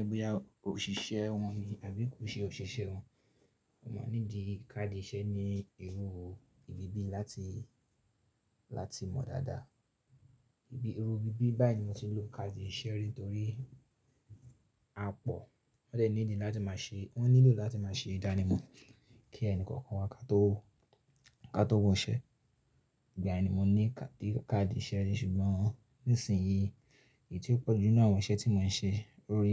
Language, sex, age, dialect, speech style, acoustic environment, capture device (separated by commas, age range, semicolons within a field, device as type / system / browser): Yoruba, male, 25-34, Nigeria - Ibadan, focused; relaxed, silence, mobile / Linux / Chrome